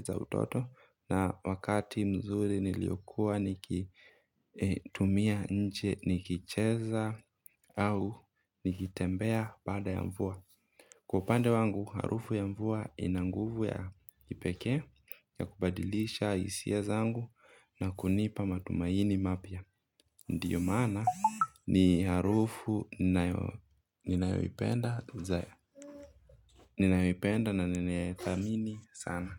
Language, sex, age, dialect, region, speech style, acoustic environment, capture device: Swahili, male, 25-34, Kenya - Mombasa, Kenya, anxious, nature; silence, mobile / Linux / Chrome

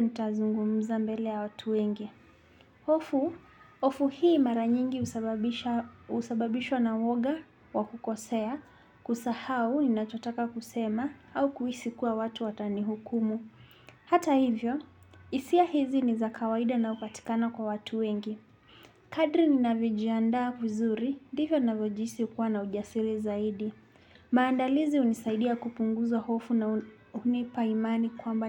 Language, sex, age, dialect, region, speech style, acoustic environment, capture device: Swahili, male, 25-34, Kenya - Nairobi Sheng-influenced, Kenya, happy, silence, desktop / Linux / Chrome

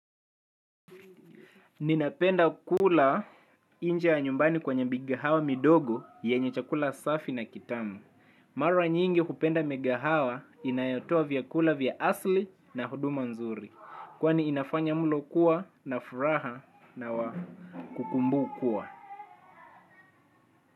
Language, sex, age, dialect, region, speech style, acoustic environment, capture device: Swahili, male, 18-24, Kenya - Mombasa, Kenya, happy, silence, mobile / Linux / Chrome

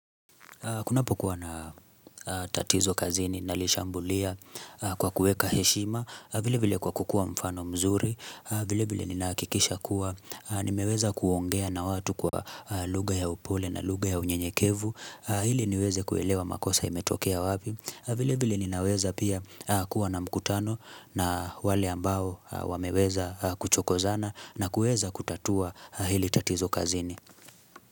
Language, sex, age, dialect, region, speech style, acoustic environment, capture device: Swahili, male, 25-34, Kenya - Nairobi Sheng-influenced, Kenya, relaxed, silence, mobile / Linux / Chrome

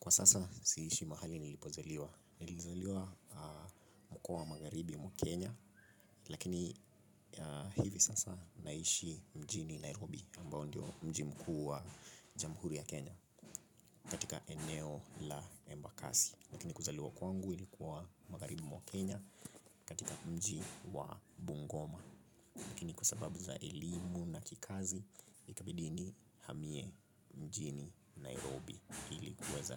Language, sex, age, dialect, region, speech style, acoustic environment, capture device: Swahili, male, 25-34, Kenya - Nairobi Sheng-influenced, Kenya, relaxed, construction, mobile / Linux / Chrome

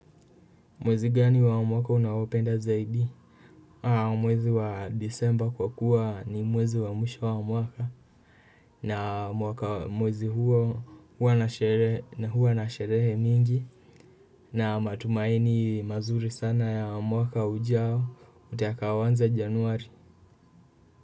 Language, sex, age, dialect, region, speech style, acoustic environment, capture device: Swahili, male, 18-24, Kenya - Kiambu, Kenya, nervous, nature, desktop / Windows / Chrome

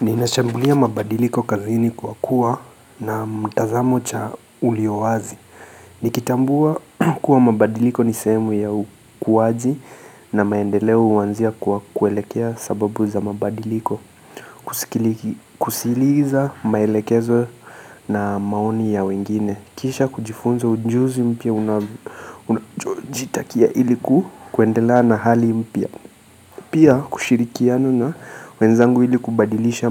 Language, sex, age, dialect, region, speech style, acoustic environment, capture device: Swahili, male, 25-34, Kenya - Mombasa, Kenya, anxious, silence, mobile / Linux / Chrome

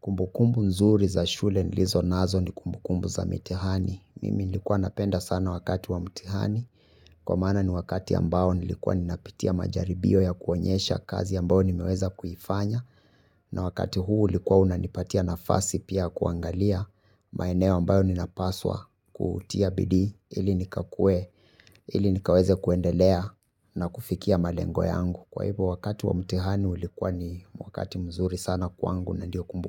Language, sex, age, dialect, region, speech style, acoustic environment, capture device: Swahili, male, 25-34, Kenya - Nairobi Sheng-influenced, Kenya, happy, music, mobile / Linux / Chrome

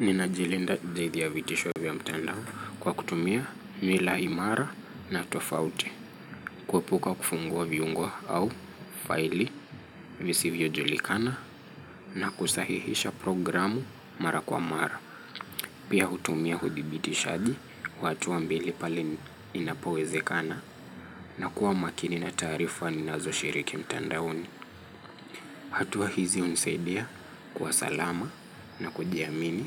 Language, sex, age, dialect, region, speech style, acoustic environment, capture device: Swahili, male, 25-34, Kenya - Nairobi Sheng-influenced, Kenya, focused, traffic; nature; music; people talking, mobile / Linux / Chrome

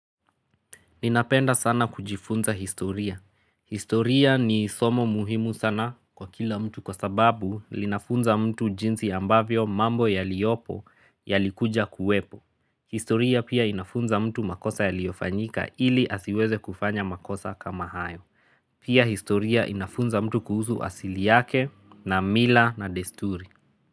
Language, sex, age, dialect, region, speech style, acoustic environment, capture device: Swahili, male, 25-34, Kenya - Nairobi Sheng-influenced, Kenya, relaxed, nature, mobile / Linux / Chrome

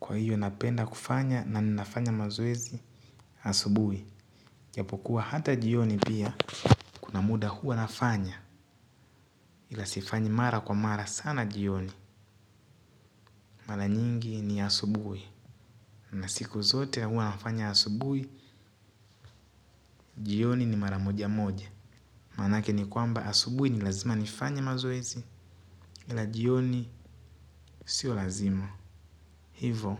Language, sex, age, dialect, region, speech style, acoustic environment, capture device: Swahili, male, 18-24, Tanzania - Dar es Salaam, Tanzania, happy; focused, people talking; nature, mobile / Linux / Chrome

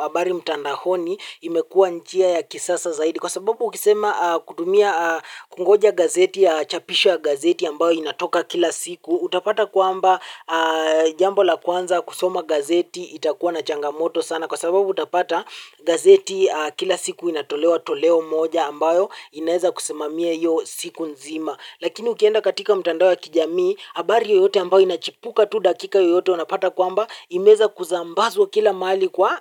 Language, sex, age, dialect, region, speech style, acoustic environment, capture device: Swahili, male, 18-24, Kenya - Mombasa, Kenya, happy, silence, mobile / Linux / Chrome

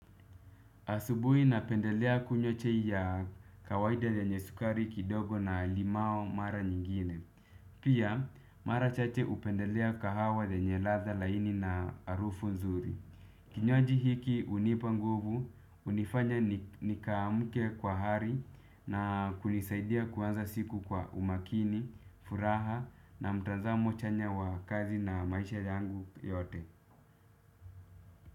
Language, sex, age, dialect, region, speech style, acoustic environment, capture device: Swahili, male, 25-34, Kenya - Nairobi Sheng-influenced, Kenya, relaxed; focused, people talking; music; appliances, desktop / Windows / Chrome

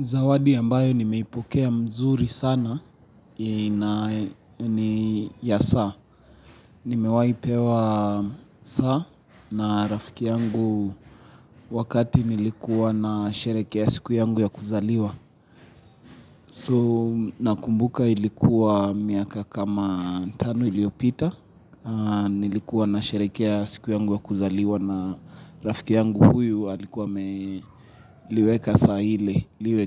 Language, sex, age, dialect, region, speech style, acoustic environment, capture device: Swahili, male, 25-34, Kenya - Nairobi Sheng-influenced, Kenya, happy; excited, silence, mobile / Linux / Chrome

